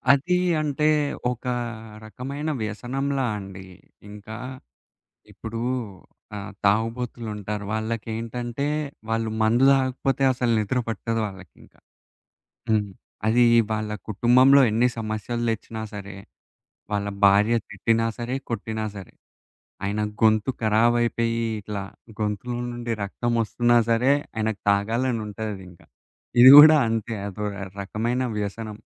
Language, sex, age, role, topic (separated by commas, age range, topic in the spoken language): Telugu, male, 20-24, guest, ఏ మీడియా చూస్తూ సమయం ఎలా గడిచిపోయిందో కూడా తెలియకుండా పోయిందా?